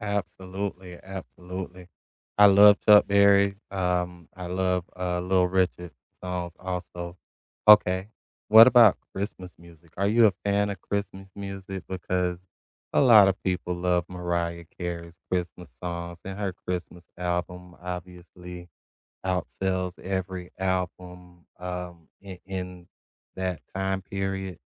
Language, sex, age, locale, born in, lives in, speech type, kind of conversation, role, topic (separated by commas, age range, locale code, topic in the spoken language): English, male, 45-49, en-US, United States, United States, conversation, unstructured, none, What songs or albums are the soundtrack to each season of your life, and what memories make them meaningful to you?